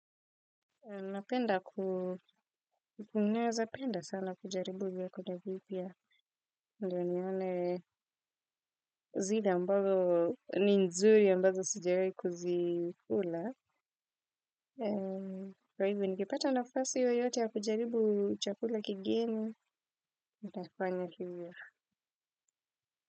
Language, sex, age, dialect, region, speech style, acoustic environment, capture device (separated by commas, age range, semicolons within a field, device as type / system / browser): Swahili, female, 25-34, Kenya - Nairobi Sheng-influenced, Kenya, relaxed, silence, desktop / Windows / Firefox